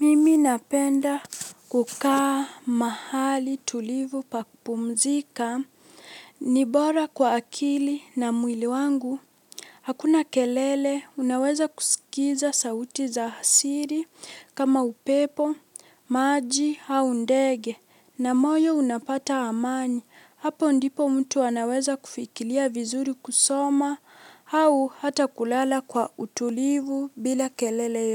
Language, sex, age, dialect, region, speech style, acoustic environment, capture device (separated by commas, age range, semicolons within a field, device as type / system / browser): Swahili, female, 18-24, Kenya - Mombasa, Kenya, relaxed, silence, mobile / Linux / Chrome